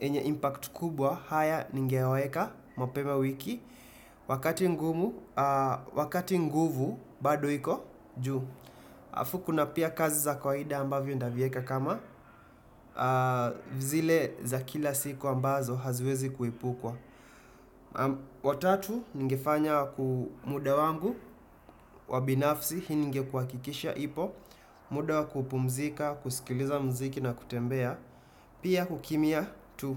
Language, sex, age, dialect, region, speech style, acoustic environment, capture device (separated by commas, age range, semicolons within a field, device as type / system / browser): Swahili, male, 25-34, Kenya - Nairobi Sheng-influenced, Kenya, happy, silence, desktop / Windows / Chrome